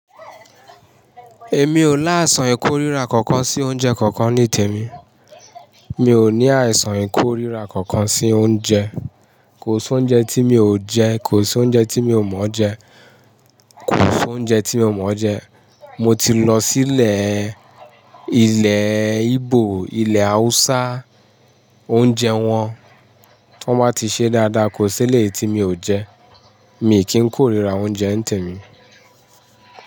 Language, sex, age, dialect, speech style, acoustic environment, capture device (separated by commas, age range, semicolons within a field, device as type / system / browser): Yoruba, male, 35-44, Nigeria - Ibadan, happy; relaxed, people talking, mobile / Linux / Chrome